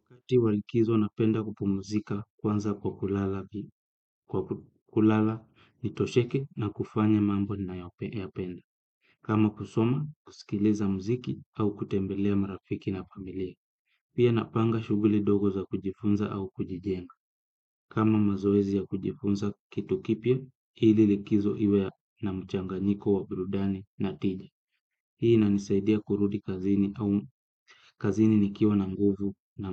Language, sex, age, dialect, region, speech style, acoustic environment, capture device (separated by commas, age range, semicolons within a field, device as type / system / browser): Swahili, male, 25-34, Kenya - Kitui, Kenya, happy; focused, silence, desktop / Windows / Chrome